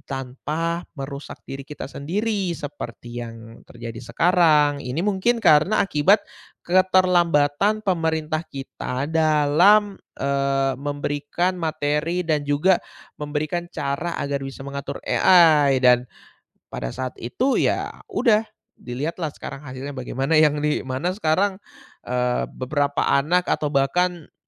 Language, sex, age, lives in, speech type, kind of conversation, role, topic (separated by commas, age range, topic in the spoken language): Indonesian, male, 20-24, Indonesia, conversation, podcast, guest, Bagaimana teknologi akan mengubah cara kita belajar di sekolah?